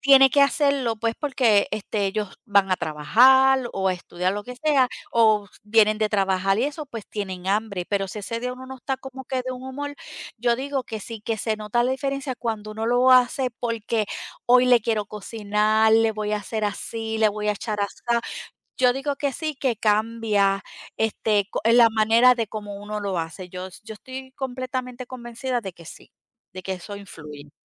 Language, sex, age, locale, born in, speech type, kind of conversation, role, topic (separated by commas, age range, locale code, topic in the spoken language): Spanish, female, 50-54, es-DO, Puerto Rico, conversation, unstructured, none, ¿Qué comida te hace sentir más feliz al comerla?